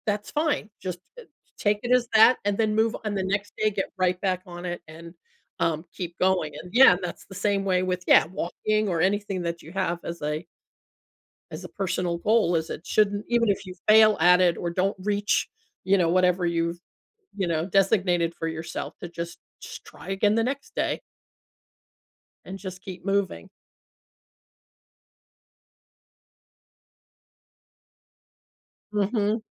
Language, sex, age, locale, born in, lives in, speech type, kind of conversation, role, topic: English, female, 60-64, en-US, United States, United States, conversation, unstructured, none, What motivates you to keep working toward your personal growth goals?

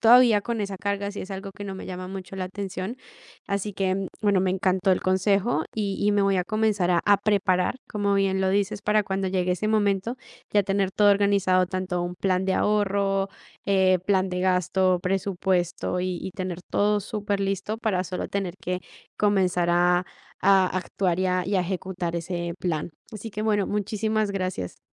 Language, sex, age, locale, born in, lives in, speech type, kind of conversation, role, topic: Spanish, female, 18-19, es-CO, Colombia, Italy, conversation, advice, user, ¿Qué conviene priorizar: pagar tus deudas o ahorrar para tus objetivos?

